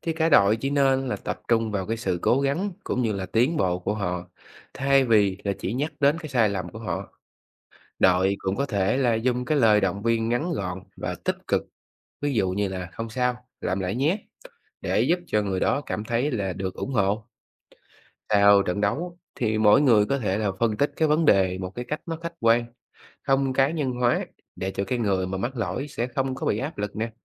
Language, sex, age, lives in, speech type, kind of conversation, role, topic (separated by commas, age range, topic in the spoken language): Vietnamese, male, 30-34, Vietnam, read, expert-assistant, expert, Vai trò của thể thao trong việc xây dựng tinh thần đồng đội